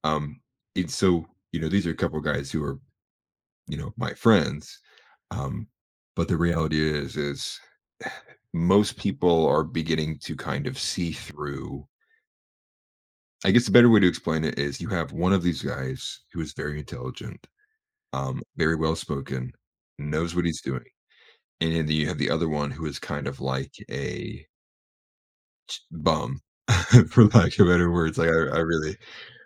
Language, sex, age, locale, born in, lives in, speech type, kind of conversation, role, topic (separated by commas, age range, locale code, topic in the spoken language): English, male, 25-29, en-US, United States, United States, conversation, advice, user, How can I calmly address a disagreement with friends and repair our relationship?